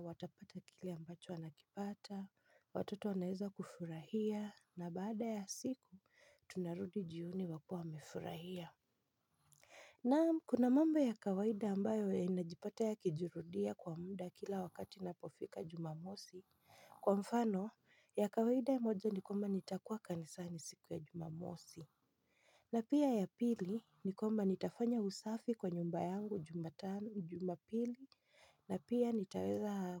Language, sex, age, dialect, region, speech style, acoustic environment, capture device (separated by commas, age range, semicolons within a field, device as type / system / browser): Swahili, female, 25-34, Kenya - Nairobi Sheng-influenced, Kenya, happy; excited, silence; nature, mobile / Linux / Chrome